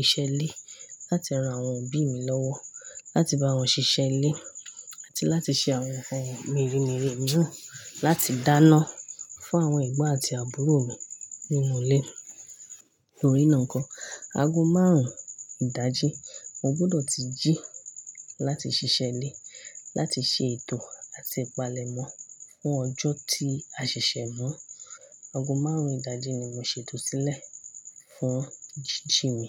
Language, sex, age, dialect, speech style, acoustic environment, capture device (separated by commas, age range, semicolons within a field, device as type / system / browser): Yoruba, female, 25-34, Nigeria - Ibadan, excited; happy; focused; relaxed, nature; silence; construction, mobile / Linux / Chrome